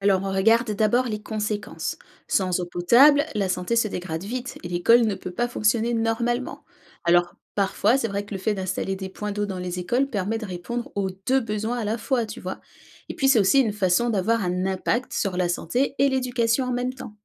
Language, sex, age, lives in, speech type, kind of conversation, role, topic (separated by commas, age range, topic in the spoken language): French, female, 30-34, France, read, expert-assistant, expert, Si tu étais à la tête d’un pays en développement, quelles priorités choisirais-tu en premier pour améliorer la vie des habitants, et pourquoi ?